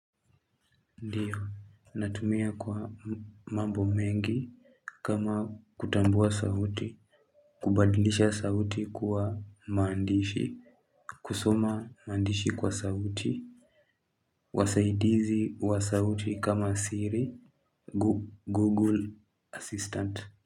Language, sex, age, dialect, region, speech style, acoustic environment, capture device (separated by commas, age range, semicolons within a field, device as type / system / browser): Swahili, male, 18-24, Kenya - Nairobi Sheng-influenced, Kenya, happy, people talking, mobile / Linux / Chrome